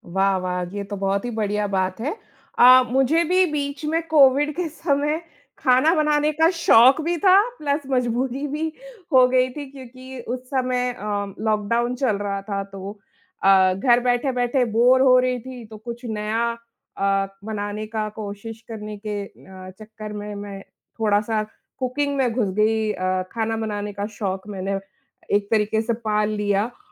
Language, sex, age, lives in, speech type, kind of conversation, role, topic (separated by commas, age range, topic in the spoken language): Hindi, female, 35-39, India, conversation, unstructured, none, क्या आप तकनीक के बिना अपने शौक पूरे कर सकते हैं?